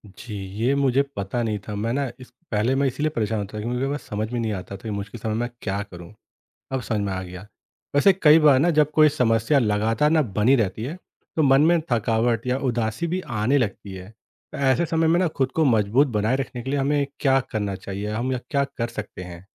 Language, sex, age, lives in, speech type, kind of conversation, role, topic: Hindi, male, 30-34, India, read, expert-assistant, asker, रोजमर्रा की समस्याओं का समाधान कैसे खोजें?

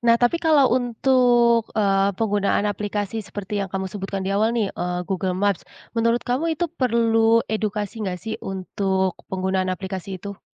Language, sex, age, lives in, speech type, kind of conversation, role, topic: Indonesian, female, 25-29, Indonesia, conversation, podcast, host, Bagaimana teknologi dapat membantu mengatasi kemacetan di kota besar?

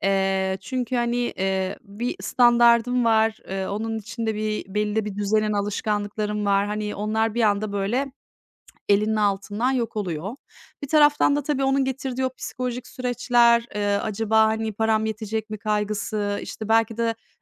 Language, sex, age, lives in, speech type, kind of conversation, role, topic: Turkish, female, 40-44, Netherlands, conversation, advice, advisor, Bütçeniz daraldıktan sonra yaşam tarzınızı ve harcama alışkanlıklarınızı nasıl değiştirdiniz?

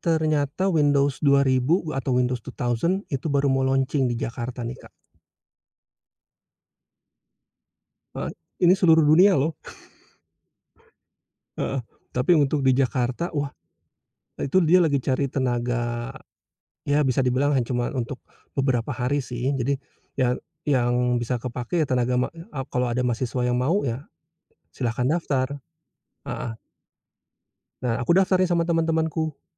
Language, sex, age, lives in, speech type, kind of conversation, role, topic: Indonesian, male, 45-49, Indonesia, conversation, podcast, guest, Pekerjaan apa yang pernah membuat kamu merasa benar-benar puas?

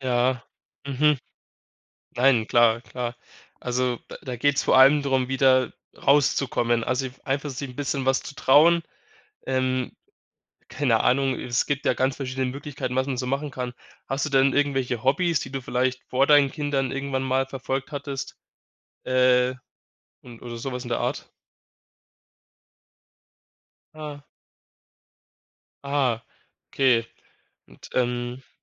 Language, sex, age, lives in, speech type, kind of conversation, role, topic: German, male, 20-24, Germany, conversation, advice, advisor, Wie kann ich mit meiner Angst vor Einsamkeit umgehen und mich selbst neu finden?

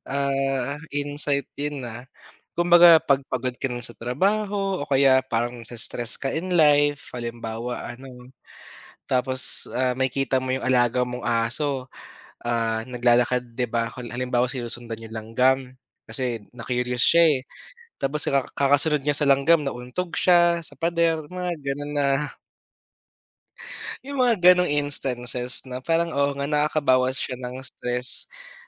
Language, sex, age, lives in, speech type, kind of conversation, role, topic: Filipino, male, 25-29, Philippines, conversation, unstructured, none, Ano ang mga benepisyo ng pagkakaroon ng alagang hayop sa isang pamilya?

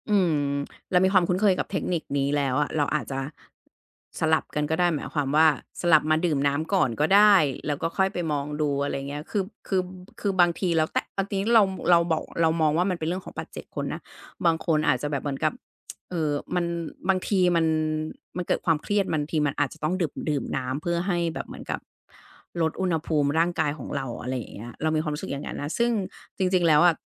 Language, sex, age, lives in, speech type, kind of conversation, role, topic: Thai, female, 40-44, Thailand, conversation, podcast, guest, มีวิธีง่าย ๆ ที่ใครก็ทำได้เพื่อช่วยลดความเครียดได้ทันทีไหม?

